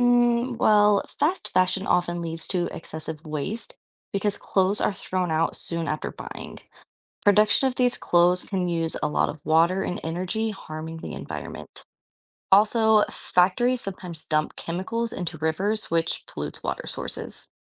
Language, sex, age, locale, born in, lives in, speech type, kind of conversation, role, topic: English, female, 25-29, en-US, United States, United States, read, expert-assistant, expert, What is fast fashion?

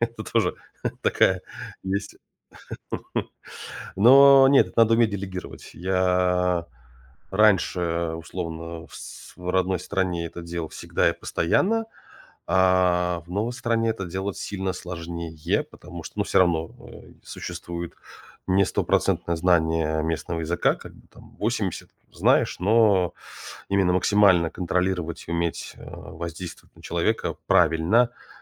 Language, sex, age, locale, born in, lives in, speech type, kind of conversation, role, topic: Russian, male, 35-39, ru-RU, Russia, United States, conversation, advice, user, В чём у вас дома проявляется размывание границ между работой и отдыхом?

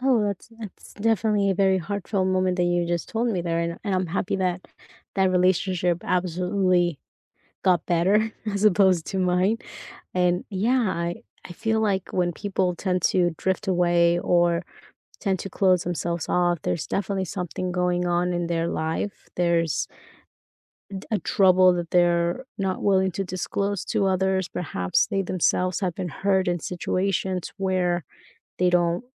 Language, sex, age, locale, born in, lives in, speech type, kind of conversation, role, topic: English, female, 30-34, en-US, United States, United States, conversation, unstructured, none, What helps you navigate disagreements with friends in ways that protect and even strengthen your bond?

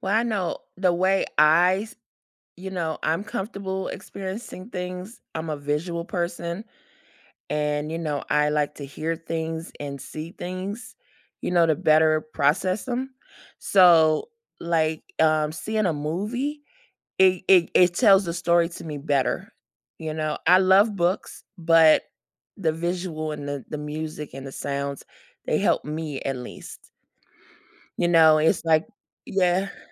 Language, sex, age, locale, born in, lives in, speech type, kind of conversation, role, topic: English, female, 45-49, en-US, United States, United States, conversation, podcast, guest, How do movies shape the way we see ourselves and the world around us?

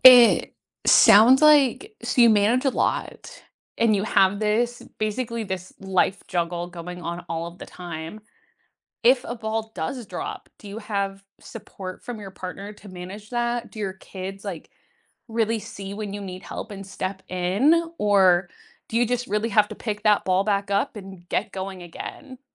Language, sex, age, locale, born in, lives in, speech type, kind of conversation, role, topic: English, female, 25-29, en-US, United States, United States, conversation, unstructured, none, What is your biggest pet peeve about daily habits?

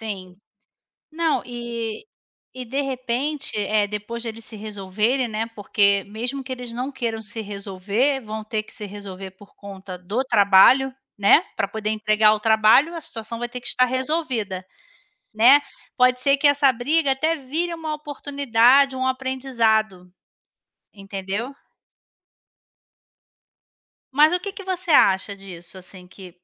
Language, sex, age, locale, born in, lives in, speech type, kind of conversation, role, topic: Portuguese, female, 40-44, pt-BR, Brazil, Spain, conversation, unstructured, none, Você já conseguiu transformar uma briga em algo positivo? Como?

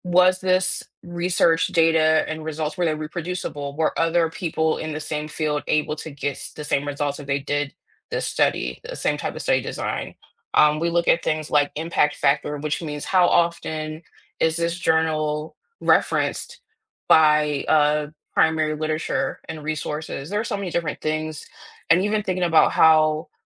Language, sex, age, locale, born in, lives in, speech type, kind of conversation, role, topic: English, female, 35-39, en-US, United States, United States, conversation, unstructured, none, Do you think science is sometimes used to justify unfair actions?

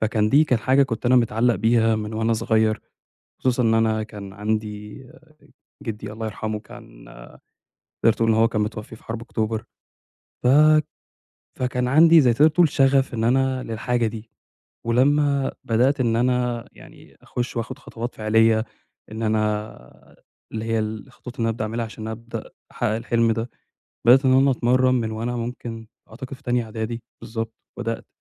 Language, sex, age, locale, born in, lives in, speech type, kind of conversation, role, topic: Arabic, male, 25-29, ar-EG, Egypt, Egypt, conversation, advice, user, التعلم من الانتكاسات والمضي قدماً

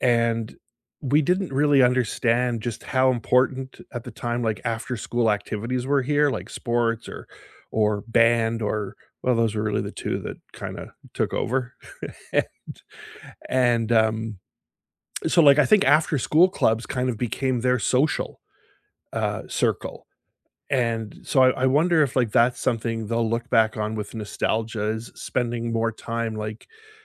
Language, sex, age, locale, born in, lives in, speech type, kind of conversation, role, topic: English, male, 55-59, en-CA, Canada, United States, conversation, unstructured, none, Which era of your life fills you with the most nostalgia, and what makes it meaningful to you?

